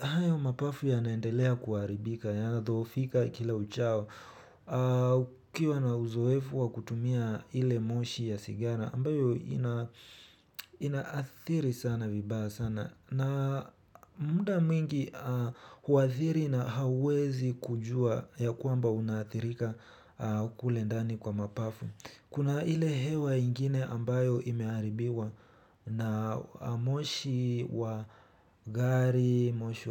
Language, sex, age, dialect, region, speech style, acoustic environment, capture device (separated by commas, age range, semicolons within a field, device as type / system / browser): Swahili, male, 18-24, Kenya - Nairobi Sheng-influenced, Kenya, relaxed, nature, mobile / Linux / Chrome